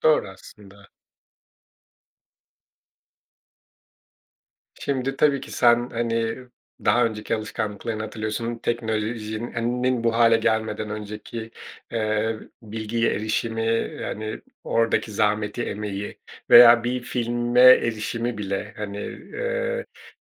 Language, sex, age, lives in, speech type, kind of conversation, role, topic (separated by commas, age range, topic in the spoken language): Turkish, male, 40-44, Portugal, conversation, podcast, host, İyi bir hikâyeye nasıl başlarsın ve sence ilk cümle ne kadar önemlidir?